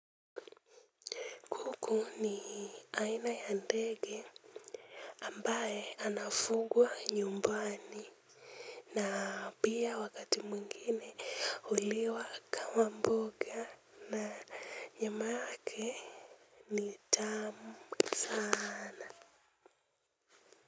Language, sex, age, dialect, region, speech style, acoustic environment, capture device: Swahili, female, 18-24, Kenya - Mombasa, Kenya, focused, silence, mobile / Linux / Chrome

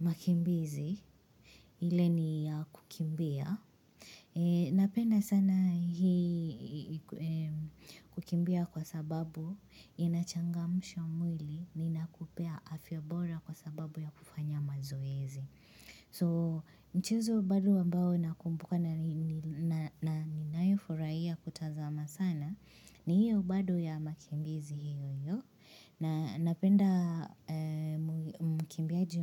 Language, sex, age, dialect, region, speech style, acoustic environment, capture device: Swahili, female, 25-34, Kenya - Nairobi Sheng-influenced, Kenya, happy; anxious, silence, mobile / Linux / Chrome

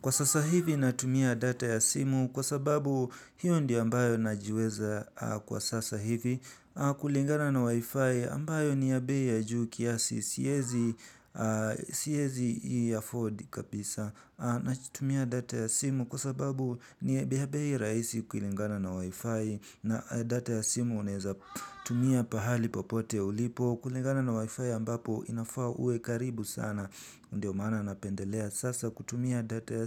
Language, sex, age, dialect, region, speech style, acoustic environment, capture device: Swahili, male, 18-24, Kenya - Nairobi Sheng-influenced, Kenya, anxious, nature, mobile / Linux / Chrome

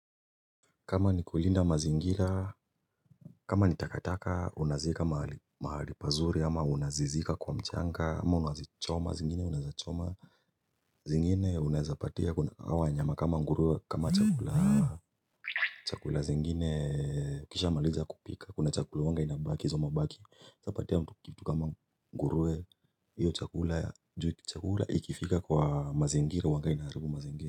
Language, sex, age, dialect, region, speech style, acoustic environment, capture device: Swahili, male, 25-34, Kenya - Nairobi Sheng-influenced, Kenya, happy, silence, mobile / Linux / Chrome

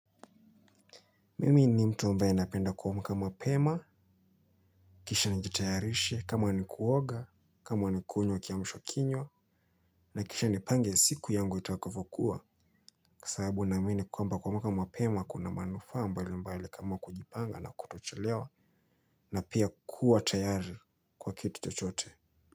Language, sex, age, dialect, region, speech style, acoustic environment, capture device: Swahili, male, 25-34, Kenya - Mombasa, Kenya, excited; focused; happy, nature, mobile / Linux / Chrome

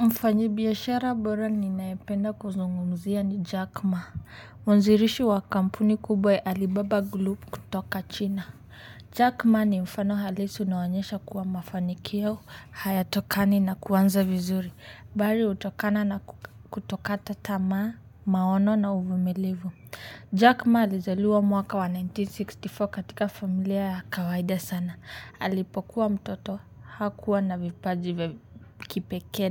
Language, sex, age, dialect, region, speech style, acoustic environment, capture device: Swahili, male, 25-34, Kenya - Nairobi Sheng-influenced, Kenya, focused, nature, mobile / Linux / Chrome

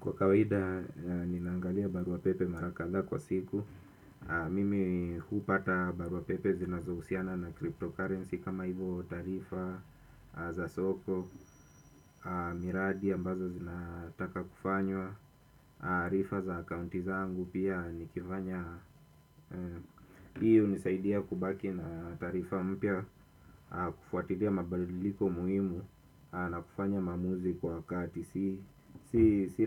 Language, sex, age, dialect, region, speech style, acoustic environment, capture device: Swahili, male, 25-34, Kenya - Mombasa, Kenya, focused, silence, desktop / Windows / Chrome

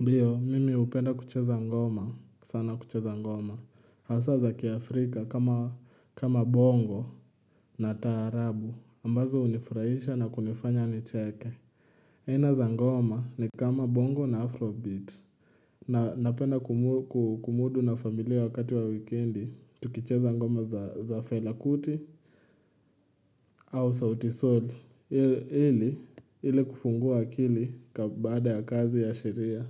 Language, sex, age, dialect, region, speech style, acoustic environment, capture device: Swahili, male, 18-24, Kenya - Nairobi Sheng-influenced, Kenya, happy, silence, mobile / Linux / Chrome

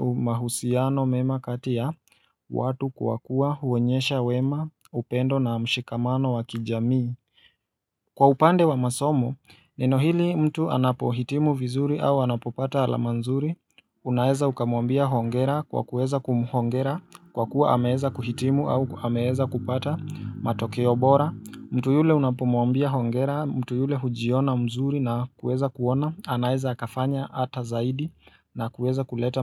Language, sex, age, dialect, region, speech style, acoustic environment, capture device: Swahili, male, 25-34, Kenya - Mombasa, Kenya, relaxed, silence, mobile / Linux / Chrome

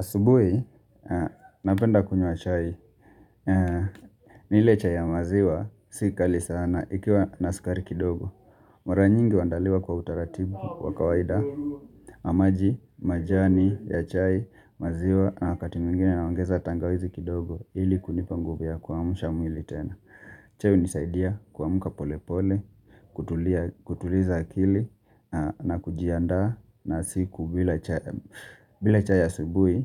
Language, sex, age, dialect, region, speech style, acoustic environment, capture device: Swahili, male, 25-34, Kenya - Mombasa, Kenya, relaxed, people talking, mobile / Linux / Chrome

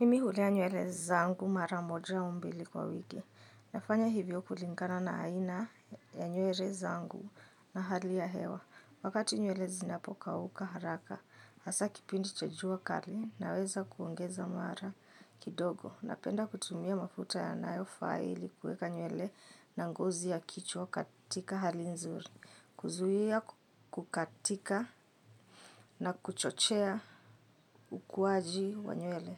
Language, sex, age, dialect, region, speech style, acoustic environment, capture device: Swahili, female, 25-34, Kenya - Nairobi Sheng-influenced, Kenya, happy; excited, silence, mobile / Linux / Chrome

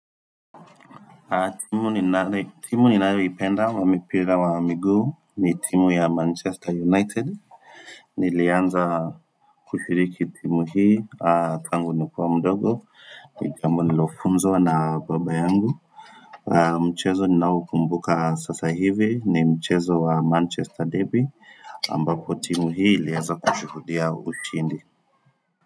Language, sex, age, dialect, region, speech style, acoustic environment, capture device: Swahili, male, 25-34, Kenya - Nairobi Sheng-influenced, Kenya, focused, silence, desktop / Windows / Firefox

